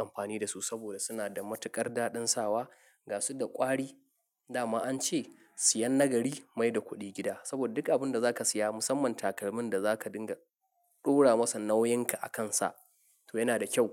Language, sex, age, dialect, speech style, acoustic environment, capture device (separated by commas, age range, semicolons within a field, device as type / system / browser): Hausa, male, 25-34, Nigeria - Nigerian Standard, happy, silence, mobile / Linux / Chrome